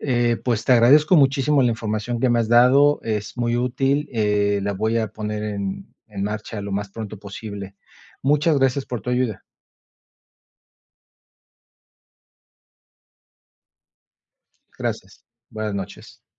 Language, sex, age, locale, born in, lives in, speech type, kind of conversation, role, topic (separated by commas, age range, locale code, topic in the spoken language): Spanish, male, 45-49, es-MX, Mexico, Mexico, read, expert-assistant, asker, ¿Qué herramientas o programas de arte digital son prioritarios para aprender hoy en día?